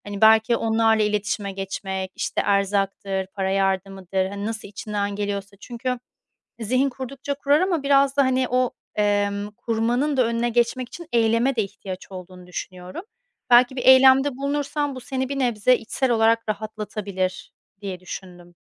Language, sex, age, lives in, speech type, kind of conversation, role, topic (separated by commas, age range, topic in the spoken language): Turkish, female, 30-34, Spain, conversation, advice, advisor, Kontrolüm dışında olan değişikliklerle nasıl daha rahat başa çıkabilirim?